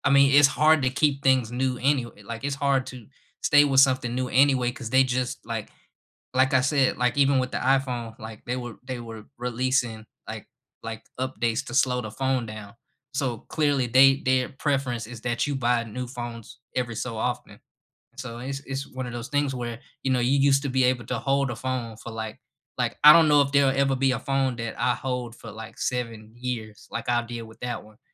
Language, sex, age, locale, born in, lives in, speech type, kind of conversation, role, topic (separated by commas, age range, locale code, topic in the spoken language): English, male, 25-29, en-US, United States, United States, conversation, unstructured, none, Is it better to keep your old phone until it dies?